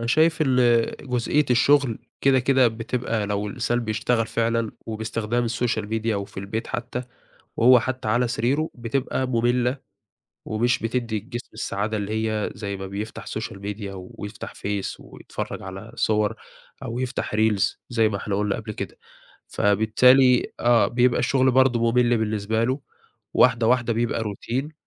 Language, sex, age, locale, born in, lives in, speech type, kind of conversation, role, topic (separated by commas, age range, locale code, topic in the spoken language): Arabic, male, 20-24, ar-EG, Egypt, Egypt, conversation, podcast, guest, إزاي بتحافظ على التوازن بين التكنولوجيا وحياتك؟